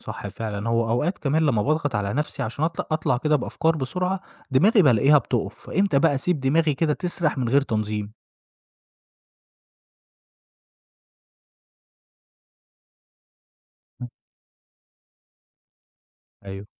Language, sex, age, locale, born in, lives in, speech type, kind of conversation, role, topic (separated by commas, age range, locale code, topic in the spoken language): Arabic, male, 25-29, ar-EG, Egypt, Egypt, read, expert-assistant, asker, إزاي ممكن أظبط نظام في حياتي يساعدني أكون أكتر إبداع في الشغل أو الهوايات؟